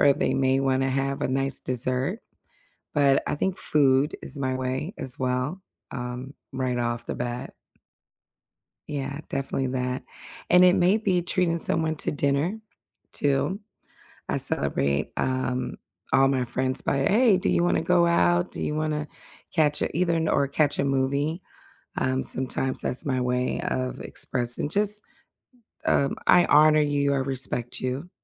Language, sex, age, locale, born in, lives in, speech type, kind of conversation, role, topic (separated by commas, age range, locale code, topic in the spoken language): English, female, 45-49, en-US, United States, United States, conversation, unstructured, none, How do you celebrate other people's wins, big or small, in everyday life?